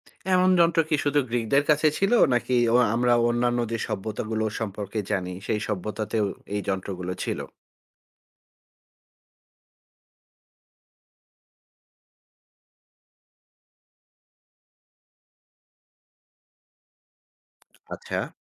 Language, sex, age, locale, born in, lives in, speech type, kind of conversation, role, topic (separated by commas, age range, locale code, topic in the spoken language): Bengali, male, 40-44, bn-BD, Bangladesh, Finland, read, expert-assistant, asker, প্রাচীন মানুষেরা নাকি আধুনিক প্রযুক্তির মতো কিছু যন্ত্র ব্যবহার করত—এই ধারণার কোনো সত্যতা আছে কি?